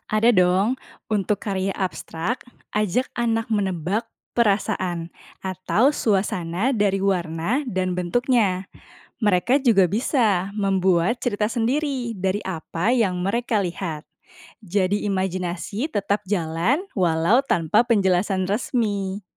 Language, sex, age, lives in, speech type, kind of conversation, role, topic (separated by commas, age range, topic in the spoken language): Indonesian, female, 30-34, Indonesia, read, expert-assistant, expert, Bagaimana membuat kunjungan ke museum seni lebih menarik untuk anak-anak?